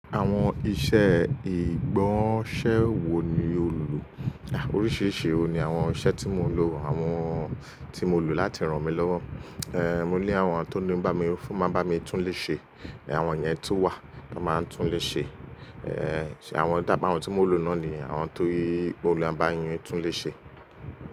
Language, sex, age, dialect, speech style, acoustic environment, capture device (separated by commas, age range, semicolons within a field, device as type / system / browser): Yoruba, male, 25-34, Nigeria - Lagos Yoruba, relaxed, appliances, mobile / Linux / Chrome